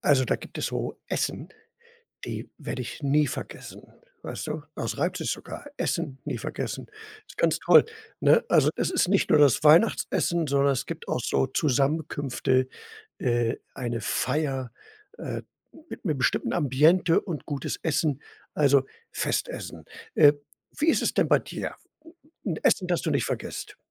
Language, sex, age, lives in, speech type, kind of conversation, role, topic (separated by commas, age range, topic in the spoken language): German, male, 65-69, Germany, conversation, podcast, host, Welches Festessen wirst du nie vergessen?